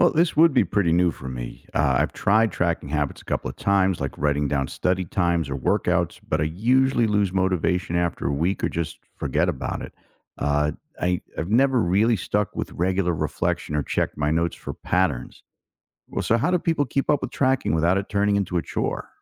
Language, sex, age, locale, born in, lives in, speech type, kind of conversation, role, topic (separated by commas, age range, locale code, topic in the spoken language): English, male, 55-59, en-US, United States, United States, read, expert-assistant, asker, How can I use lessons from evolution to become more adaptable in my own life?